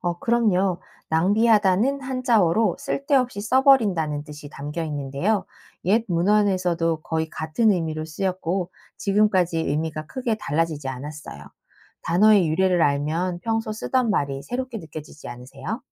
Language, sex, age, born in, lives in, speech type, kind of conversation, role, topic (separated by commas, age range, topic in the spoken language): Korean, female, 40-44, South Korea, United States, read, expert-assistant, expert, 재미있게 언어의 기원이나 변화를 알려주는 책이나 영상, 어디서 찾을 수 있을까요?